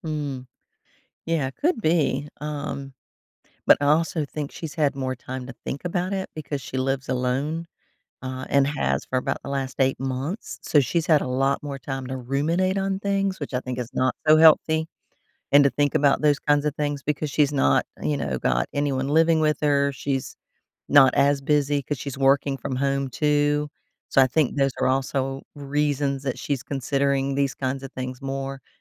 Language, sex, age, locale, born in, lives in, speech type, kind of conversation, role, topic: English, female, 55-59, en-US, United States, United States, conversation, advice, user, How can I connect more with my family?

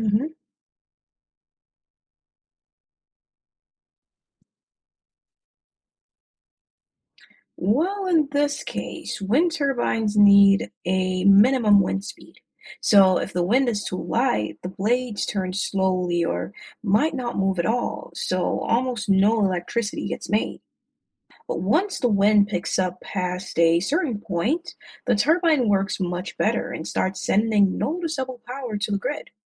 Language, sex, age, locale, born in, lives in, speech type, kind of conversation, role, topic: English, male, 25-29, en-US, Jamaica, United States, read, expert-assistant, expert, How do wind turbines generate electricity?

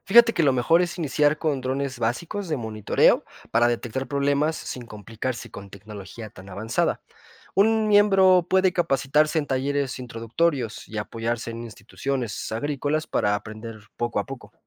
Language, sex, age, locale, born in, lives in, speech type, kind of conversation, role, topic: Spanish, male, 25-29, es-MX, Mexico, Mexico, read, expert-assistant, expert, ¿Cómo podríamos reinventar el uso de drones para hacer la agricultura más eficiente y sostenible?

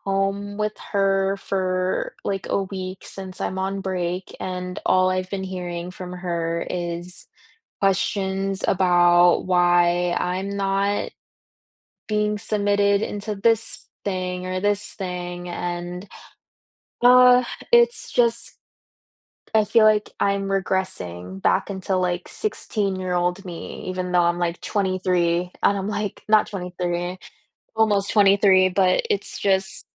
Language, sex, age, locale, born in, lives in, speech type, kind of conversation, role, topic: English, female, 20-24, en-US, United States, United States, conversation, advice, user, How can I cope with pressure to meet others' expectations without losing my sense of self?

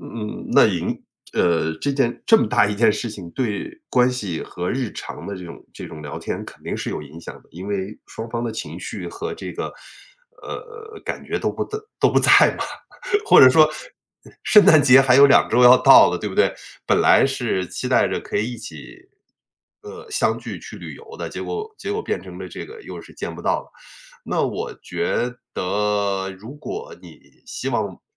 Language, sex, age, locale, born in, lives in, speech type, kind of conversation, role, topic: Chinese, male, 45-49, zh-CN, China, United States, conversation, advice, advisor, 朋友冷战时，双方都不愿先开口沟通该怎么办？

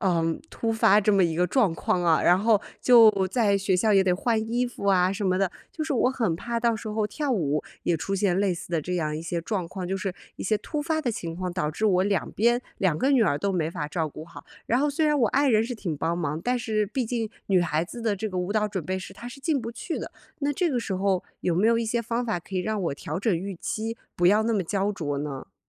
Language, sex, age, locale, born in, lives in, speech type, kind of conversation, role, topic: Chinese, female, 30-34, zh-CN, China, United States, conversation, advice, user, 我怎样在乐观与现实之间平衡对目标的期待？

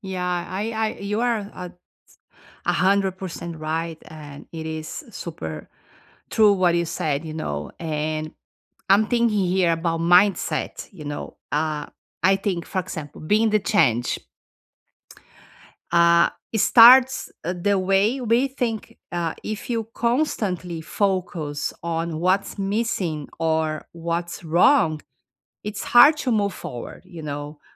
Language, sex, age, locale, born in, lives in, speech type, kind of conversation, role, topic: English, female, 50-54, en-BR, Brazil, United States, conversation, unstructured, none, What is the best advice you have received from family or friends, and how can you apply it?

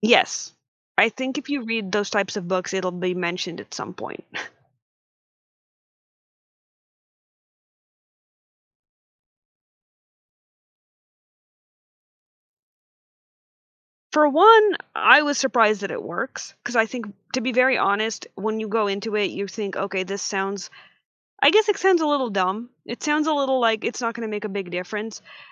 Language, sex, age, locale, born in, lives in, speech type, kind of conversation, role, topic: English, female, 25-29, en-US, United States, United States, conversation, podcast, guest, How can practicing mindfulness or meditation improve your overall well-being?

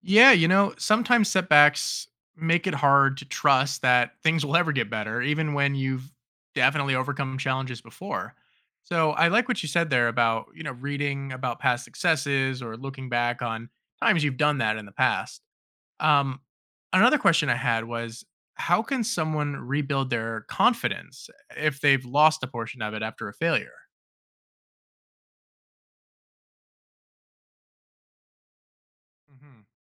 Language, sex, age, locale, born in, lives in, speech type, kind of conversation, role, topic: English, male, 30-34, en-US, United States, United States, read, expert-assistant, asker, Why is it important to learn from failure?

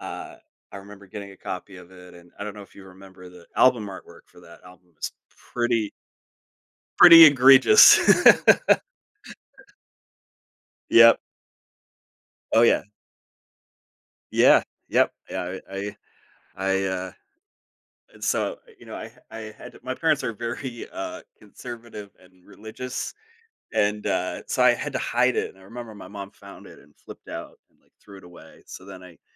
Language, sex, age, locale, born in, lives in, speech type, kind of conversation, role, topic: English, male, 40-44, en-US, United States, United States, conversation, podcast, guest, What experiences or influences have shaped the way your music preferences have evolved throughout your life?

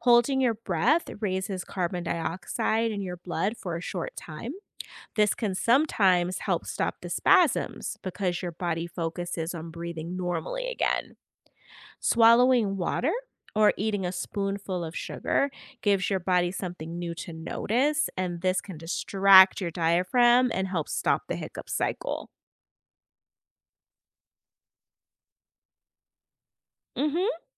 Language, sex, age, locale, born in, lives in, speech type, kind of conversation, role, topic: English, female, 40-44, en-US, United States, United States, read, expert-assistant, expert, What are hiccups and how can they be managed?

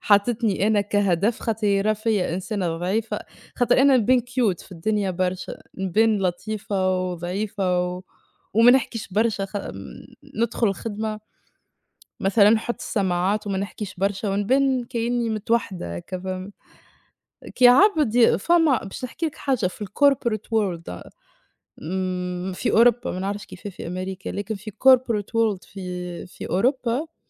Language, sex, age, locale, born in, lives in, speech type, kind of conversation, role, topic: Arabic, female, 25-29, ar-TN, Tunisia, Portugal, conversation, unstructured, none, إيه القيم اللي إنت شايفها مهمة في حياتك؟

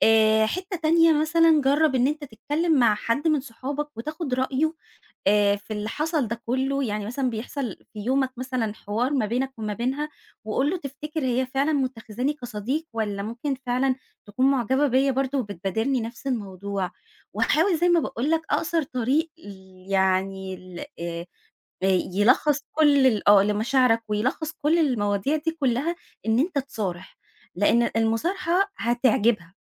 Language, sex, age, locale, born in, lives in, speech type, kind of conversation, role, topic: Arabic, female, 30-34, ar-EG, Egypt, Egypt, conversation, advice, advisor, إمتى بحسّ بالخجل إني أظهر مشاعري الحقيقية؟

